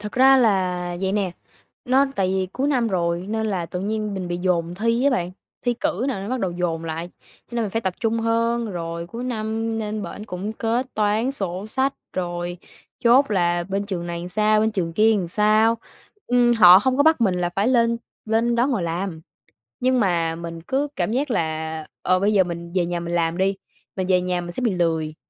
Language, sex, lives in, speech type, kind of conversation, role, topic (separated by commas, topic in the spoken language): Vietnamese, female, Vietnam, conversation, advice, user, Làm sao để bớt cảm giác tội lỗi khi nghỉ giữa giờ và an tâm hơn?